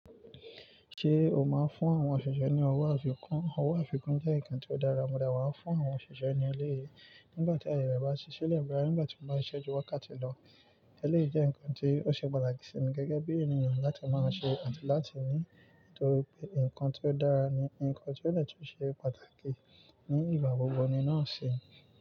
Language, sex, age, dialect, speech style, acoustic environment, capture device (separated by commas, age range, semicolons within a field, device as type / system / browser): Yoruba, male, 18-24, Nigeria - Nigerian Standard, excited, nature, mobile / Linux / Chrome